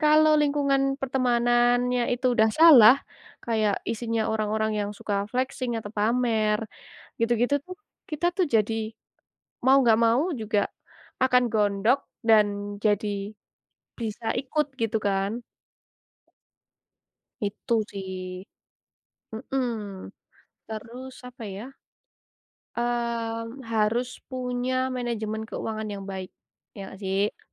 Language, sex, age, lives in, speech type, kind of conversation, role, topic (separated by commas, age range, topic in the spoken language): Indonesian, female, 20-24, Indonesia, conversation, unstructured, none, Apa pendapat kamu tentang orang yang sering berutang demi gaya hidup?